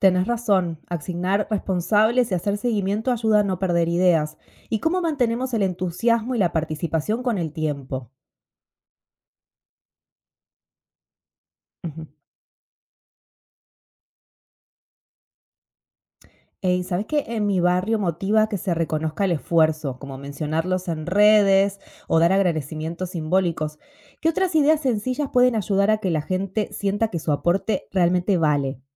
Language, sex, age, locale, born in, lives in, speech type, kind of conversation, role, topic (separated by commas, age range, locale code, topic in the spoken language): Spanish, female, 35-39, es-AR, Argentina, United States, read, expert-assistant, asker, ¿De qué maneras podríamos ayudar a que los pequeños negocios crezcan en nuestra comunidad?